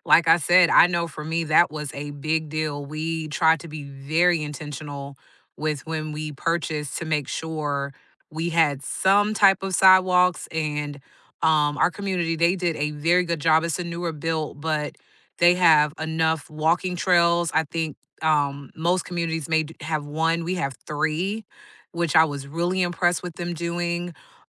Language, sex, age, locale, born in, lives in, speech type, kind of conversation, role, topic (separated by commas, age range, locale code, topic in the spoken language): English, female, 40-44, en-US, United States, United States, conversation, unstructured, none, What makes a neighborhood feel like home to you?